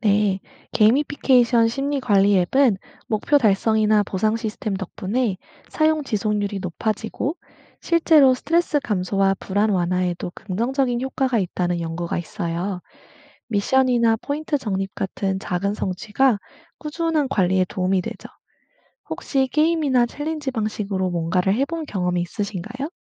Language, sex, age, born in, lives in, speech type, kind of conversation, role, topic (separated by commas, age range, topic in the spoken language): Korean, female, 25-29, South Korea, United States, read, expert-assistant, expert, 디지털 기술이 정신 건강 관리에 어떤 새로운 가능성을 열어줄 수 있을까요? 예를 들어, 앱, 인공지능, 원격 치료 등이 미래에 어떻게 활용될 수 있을지 이야기해 봅시다.